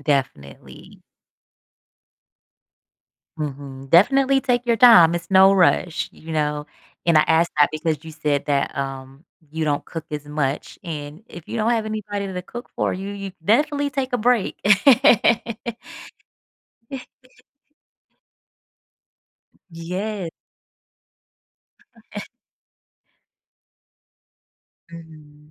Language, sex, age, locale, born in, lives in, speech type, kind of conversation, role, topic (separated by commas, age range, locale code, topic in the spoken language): English, female, 35-39, en-US, United States, United States, conversation, advice, advisor, How can I find a healthy balance between work and my personal life?